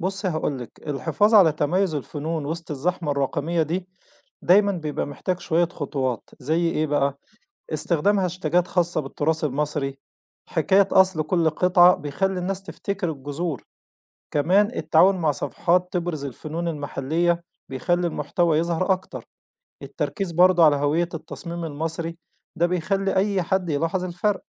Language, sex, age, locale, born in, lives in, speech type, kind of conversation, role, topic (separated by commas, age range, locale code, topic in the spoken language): Arabic, male, 40-44, ar-EG, Egypt, Egypt, read, expert-assistant, expert, إزاي ممكن نستغل التكنولوجيا علشان نحيي الفنون الشعبية المصرية ونوصّلها للعالم كله؟